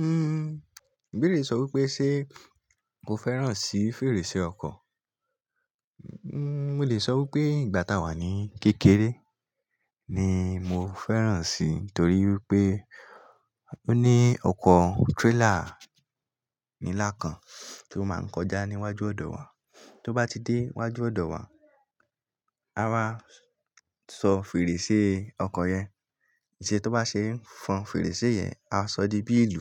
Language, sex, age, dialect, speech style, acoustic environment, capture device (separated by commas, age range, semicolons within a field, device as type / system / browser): Yoruba, male, 18-24, Nigeria - Lagos Yoruba, excited; happy, silence; nature, mobile / Linux / Chrome